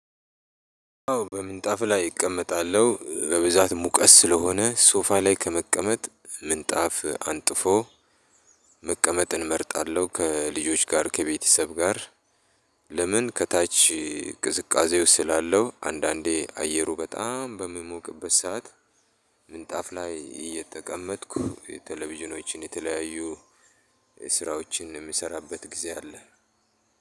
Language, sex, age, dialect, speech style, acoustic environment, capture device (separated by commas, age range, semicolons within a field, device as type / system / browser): Amharic, male, 35-44, Ethiopia - Addis Ababa, happy; relaxed; excited, people talking; music; nature; silence; traffic; construction; appliances, mobile / Linux / Chrome